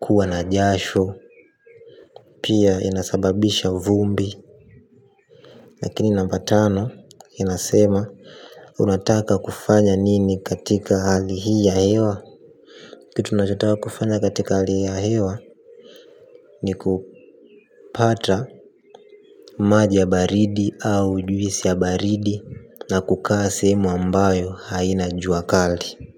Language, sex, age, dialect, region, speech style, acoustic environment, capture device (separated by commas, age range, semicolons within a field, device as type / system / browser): Swahili, male, 18-24, Tanzania - Dar es Salaam, Tanzania, happy, silence, mobile / Linux / Chrome